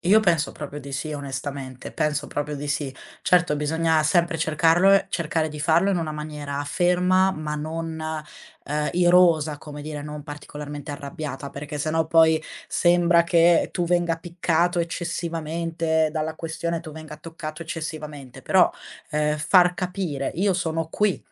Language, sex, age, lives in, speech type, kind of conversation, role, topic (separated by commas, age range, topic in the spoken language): Italian, female, 20-24, Italy, conversation, podcast, guest, Qual è il primo piccolo passo che posso fare per diventare più assertivo?